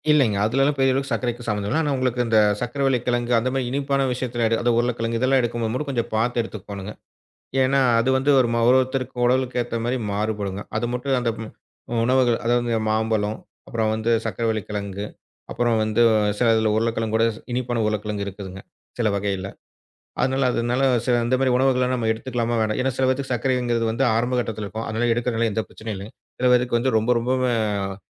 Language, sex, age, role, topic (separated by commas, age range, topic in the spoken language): Tamil, male, 35-39, guest, சர்க்கரை உட்கொள்ளுதலை குறைக்க எளிய வழிகள் என்னென்ன?